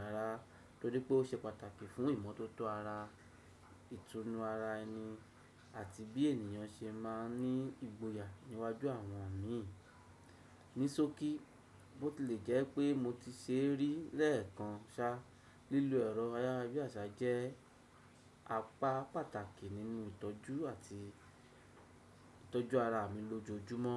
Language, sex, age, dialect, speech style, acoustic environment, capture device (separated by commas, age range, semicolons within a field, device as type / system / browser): Yoruba, male, 35-44, Nigeria - Lagos Yoruba, happy, silence, desktop / Windows / Chrome